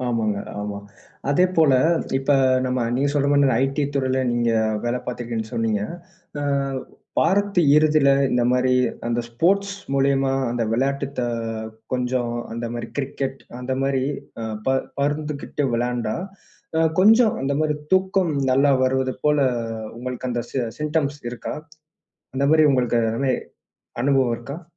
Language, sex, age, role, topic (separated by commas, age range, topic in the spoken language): Tamil, male, 25-29, host, சிறிது நேரம் தூங்கினால் அது உங்களுக்கு எப்படி உதவும்?